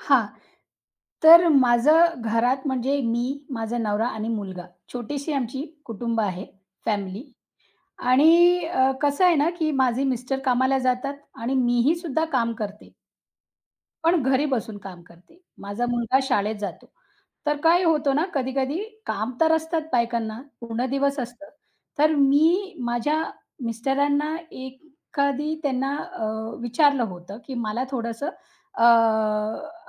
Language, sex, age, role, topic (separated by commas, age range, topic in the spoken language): Marathi, female, 35-39, guest, घरकामांचे वाटप तुम्ही कसे करता?